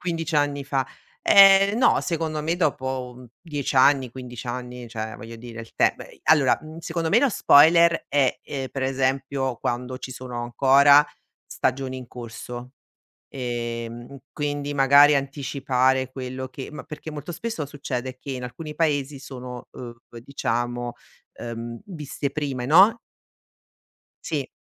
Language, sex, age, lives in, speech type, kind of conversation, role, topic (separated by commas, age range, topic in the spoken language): Italian, female, 45-49, Italy, conversation, podcast, guest, Che impatto hanno i social sulla popolarità delle serie TV?